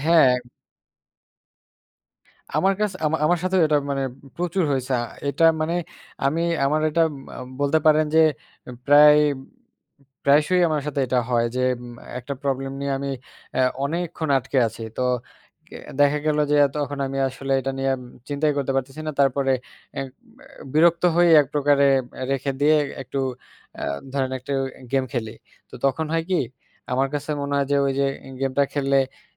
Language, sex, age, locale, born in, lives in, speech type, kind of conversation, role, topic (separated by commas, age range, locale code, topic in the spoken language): Bengali, male, 20-24, bn-BD, Bangladesh, Bangladesh, conversation, unstructured, none, ভিডিও গেম কি আমাদের দৈনন্দিন মানসিক চাপ কমাতে সাহায্য করে?